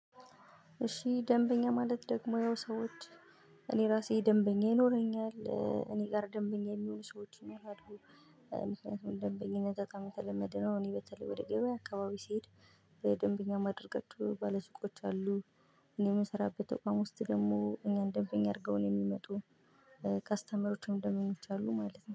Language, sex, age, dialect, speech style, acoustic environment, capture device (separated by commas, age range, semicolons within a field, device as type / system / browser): Amharic, female, 25-34, Ethiopia - Gojjam, happy; relaxed; excited, silence, mobile / Linux / Chrome